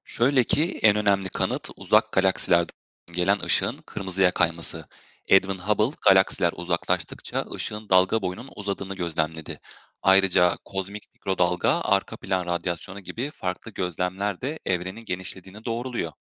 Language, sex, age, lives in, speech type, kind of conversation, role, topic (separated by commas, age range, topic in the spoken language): Turkish, male, 25-29, Germany, read, expert-assistant, expert, Evrenin genişlediğini söyleyen bilgilere nasıl güveniyoruz? Hangi kaynaklara dayanıyorlar?